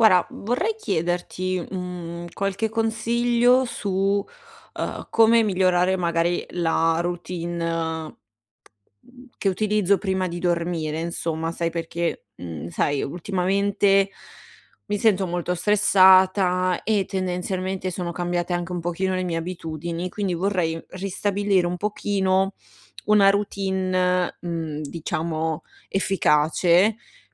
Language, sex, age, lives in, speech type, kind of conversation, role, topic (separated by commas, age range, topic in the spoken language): Italian, female, 60-64, Italy, conversation, advice, user, Come posso creare una routine della buonanotte coerente ogni sera?